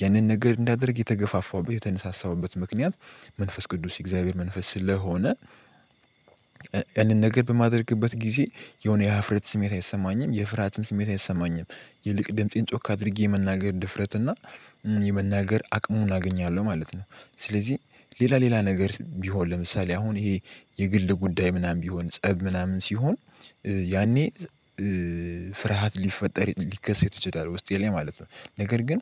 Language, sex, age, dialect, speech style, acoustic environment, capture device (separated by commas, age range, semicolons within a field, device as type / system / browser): Amharic, male, 18-24, Ethiopia - Addis Ababa, happy; focused; excited; relaxed, silence, mobile / Linux / Chrome